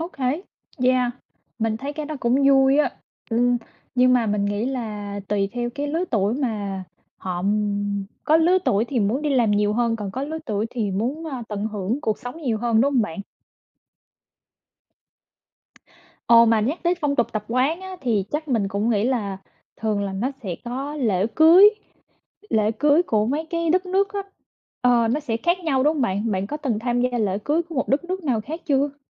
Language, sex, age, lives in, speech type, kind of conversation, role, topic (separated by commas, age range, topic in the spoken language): Vietnamese, female, 25-29, United States, conversation, unstructured, none, Điều gì khiến bạn ngạc nhiên nhất về phong tục tập quán ở các quốc gia khác?